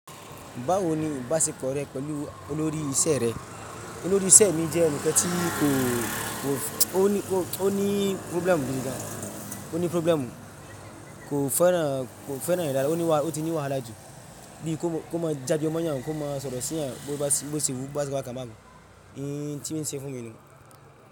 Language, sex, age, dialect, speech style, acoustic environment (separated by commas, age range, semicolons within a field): Yoruba, male, 25-34, Nigeria - Ibadan, focused, silence; traffic